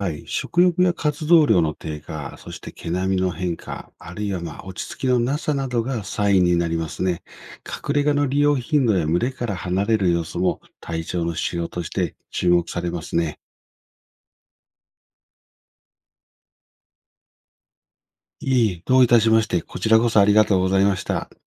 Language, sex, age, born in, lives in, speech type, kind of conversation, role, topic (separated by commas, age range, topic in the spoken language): Japanese, male, 55-59, Japan, Japan, read, expert-assistant, expert, 動物たちが快適に過ごせる飼育環境を作るために、どんなアイデアや工夫が役立つのでしょうか？